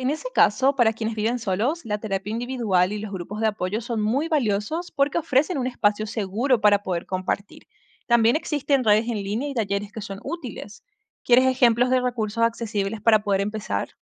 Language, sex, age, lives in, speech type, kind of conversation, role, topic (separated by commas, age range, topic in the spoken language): Spanish, female, 25-29, United States, read, expert-assistant, expert, ¿Cuáles son las ventajas y desventajas de cambiar de carrera a mitad de la vida?